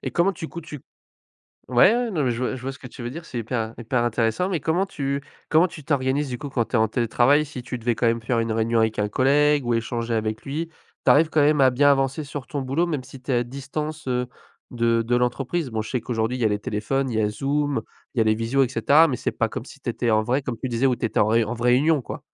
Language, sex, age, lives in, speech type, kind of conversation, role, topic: French, male, 20-24, France, conversation, podcast, host, Comment fais-tu pour équilibrer ton travail et ta vie personnelle au quotidien ?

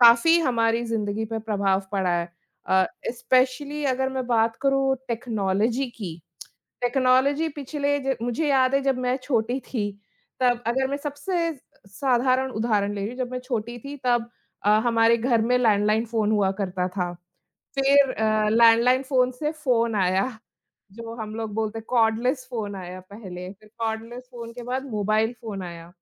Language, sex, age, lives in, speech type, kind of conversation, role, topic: Hindi, female, 35-39, India, conversation, unstructured, none, क्या आप अपने जीवन में तकनीकी नवाचारों के भविष्य को लेकर उत्साहित हैं?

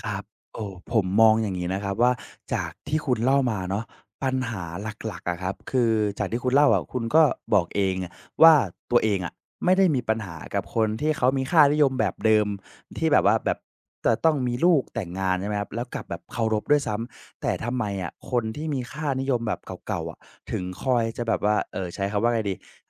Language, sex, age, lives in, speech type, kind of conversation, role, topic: Thai, male, 20-24, Thailand, conversation, advice, advisor, ฉันควรทำอย่างไรเมื่อค่านิยมของฉันขัดแย้งกับครอบครัวและเพื่อน?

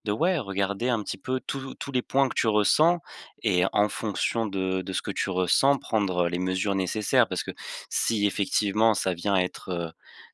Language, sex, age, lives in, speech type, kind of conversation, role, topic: French, male, 20-24, France, conversation, advice, advisor, Comment tirer le positif des retours critiques ?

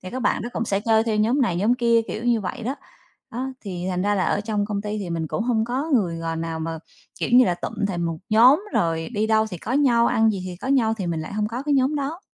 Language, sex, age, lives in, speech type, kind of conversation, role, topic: Vietnamese, female, 35-39, Vietnam, conversation, advice, user, Bạn có đang cảm thấy cô lập và thiếu sự kết nối với mọi người không?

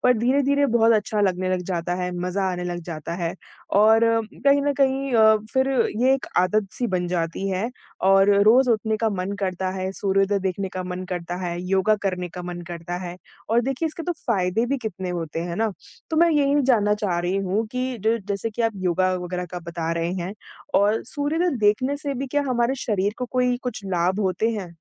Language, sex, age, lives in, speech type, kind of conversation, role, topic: Hindi, female, 20-24, India, conversation, podcast, host, सूर्योदय देखकर तुम्हें क्या समझ में आता है?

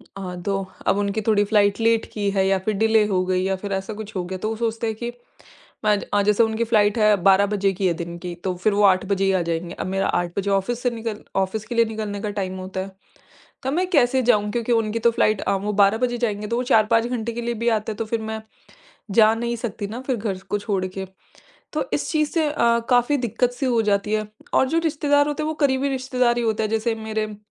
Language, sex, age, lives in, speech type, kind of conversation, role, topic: Hindi, female, 25-29, India, conversation, advice, user, परिवार में व्यक्तिगत सीमाएँ तय करने में कठिनाई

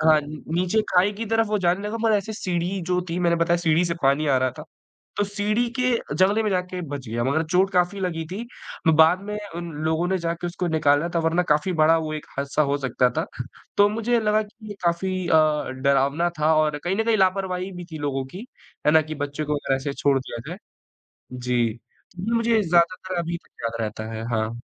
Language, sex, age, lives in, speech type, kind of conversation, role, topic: Hindi, male, 20-24, India, conversation, podcast, guest, आपकी सबसे मज़ेदार यात्रा की कौन-सी याद है?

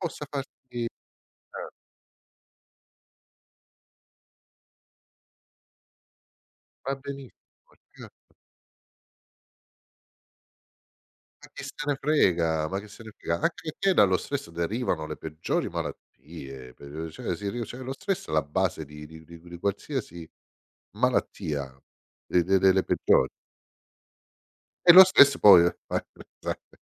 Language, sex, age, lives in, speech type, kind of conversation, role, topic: Italian, male, 50-54, Italy, conversation, podcast, host, Qual è il piccolo piacere quotidiano a cui non rinunceresti mai?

